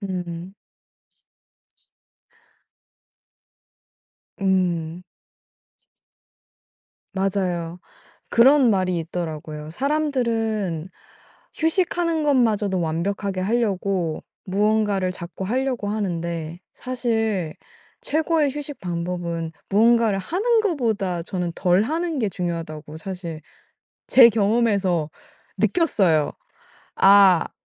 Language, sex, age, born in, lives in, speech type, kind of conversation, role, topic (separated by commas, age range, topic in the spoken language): Korean, female, 18-19, South Korea, United States, conversation, podcast, guest, 휴식할 때 죄책감이 들면 어떻게 극복하시나요?